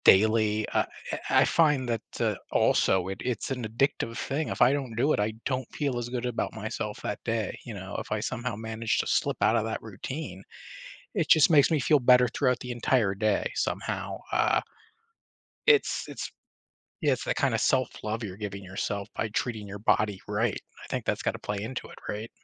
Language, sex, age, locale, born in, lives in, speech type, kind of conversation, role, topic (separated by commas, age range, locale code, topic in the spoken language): English, male, 35-39, en-US, United States, United States, conversation, podcast, host, Why is physical activity considered an effective way to manage stress and improve mental well-being?